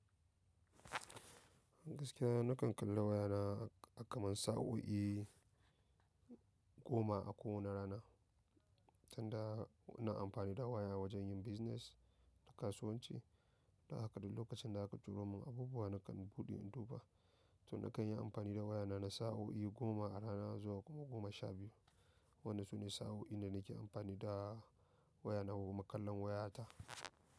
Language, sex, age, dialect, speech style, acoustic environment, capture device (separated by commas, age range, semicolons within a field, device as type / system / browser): Hausa, male, 25-34, Nigeria - Nigerian Standard, excited; happy, people talking; nature, mobile / macOS / Safari